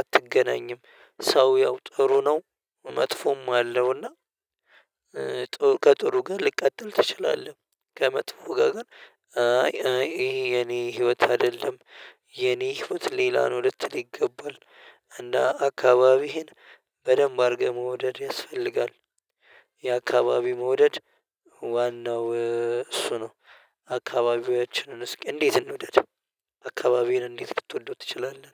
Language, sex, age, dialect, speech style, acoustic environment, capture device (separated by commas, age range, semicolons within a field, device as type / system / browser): Amharic, male, 25-34, Ethiopia - Addis Ababa, happy; relaxed, nature; silence, mobile / Linux / Chrome